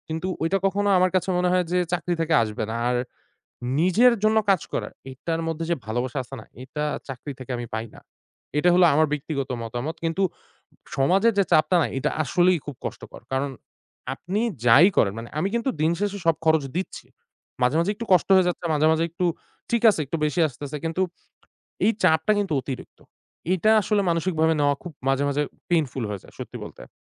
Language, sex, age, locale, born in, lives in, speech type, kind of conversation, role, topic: Bengali, male, 25-29, bn-BD, Bangladesh, Bangladesh, conversation, advice, user, আপনার ক্যারিয়ারে সফল দেখানোর সামাজিক চাপটি কেমন, এবং এটি আপনার ওপর কীভাবে প্রভাব ফেলে?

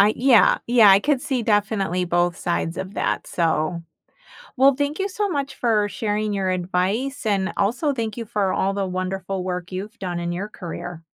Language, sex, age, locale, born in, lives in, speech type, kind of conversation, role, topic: English, female, 50-54, en-US, United States, United States, conversation, podcast, host, What do you wish you had known when you first started your career?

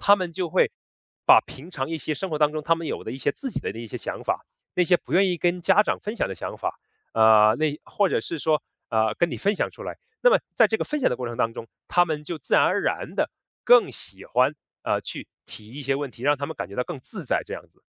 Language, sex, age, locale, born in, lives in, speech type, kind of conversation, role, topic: Chinese, male, 30-34, zh-CN, China, United States, conversation, podcast, guest, 怎样才能鼓励学生敢于提出问题？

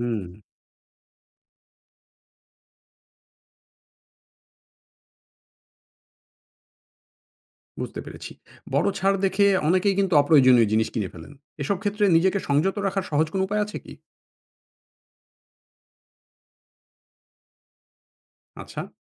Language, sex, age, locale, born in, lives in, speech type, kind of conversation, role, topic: Bengali, male, 40-44, bn-BD, Bangladesh, Finland, read, expert-assistant, asker, কীভাবে আমি বুঝব কোন অফার বা ছাড়টা আমার জন্য সত্যিকারেই লাভজনক?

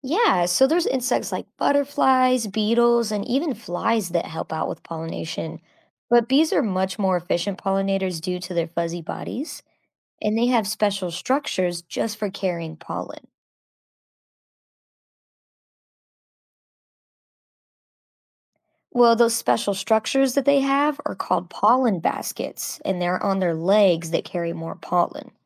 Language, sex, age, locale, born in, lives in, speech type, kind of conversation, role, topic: English, female, 25-29, en-US, United States, United States, read, expert-assistant, expert, How do bees contribute to the ecosystem?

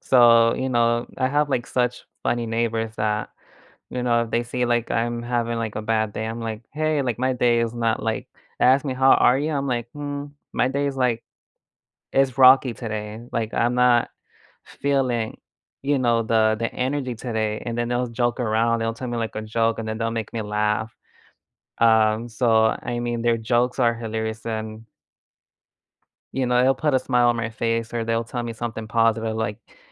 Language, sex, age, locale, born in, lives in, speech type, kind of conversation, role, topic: English, male, 25-29, en-US, United States, United States, conversation, unstructured, none, How have connections in your local community affected your mental health, and what can you do this week to strengthen them?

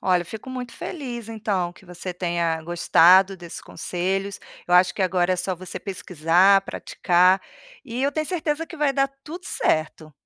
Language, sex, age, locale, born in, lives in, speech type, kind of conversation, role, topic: Portuguese, female, 45-49, pt-BR, Brazil, Portugal, conversation, advice, advisor, Como posso parar de procrastinar quando distrações online, como redes sociais e notificações, me tiram o foco?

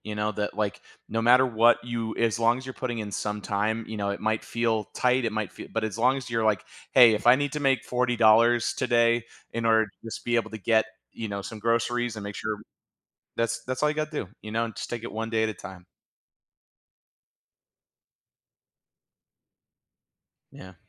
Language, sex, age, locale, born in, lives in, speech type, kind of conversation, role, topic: English, male, 35-39, en-US, United States, United States, conversation, advice, advisor, How can I manage feeling overwhelmed by financial worries and regain control?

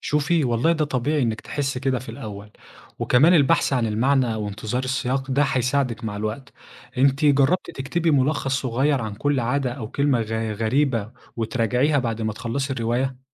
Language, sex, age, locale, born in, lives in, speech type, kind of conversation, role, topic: Arabic, male, 25-29, ar-EG, Egypt, Egypt, read, expert-assistant, expert, إزاي أختار رواية معاصرة أبتدي بيها لو عندي كتب كتير نفسي أقرأها؟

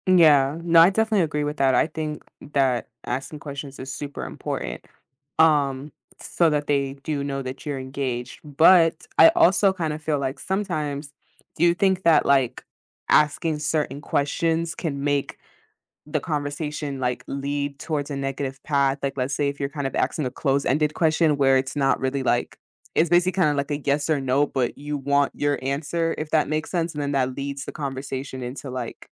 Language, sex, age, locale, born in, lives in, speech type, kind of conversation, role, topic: English, female, 20-24, en-US, United States, United States, conversation, podcast, host, How can thoughtful questions make conversations more meaningful and engaging?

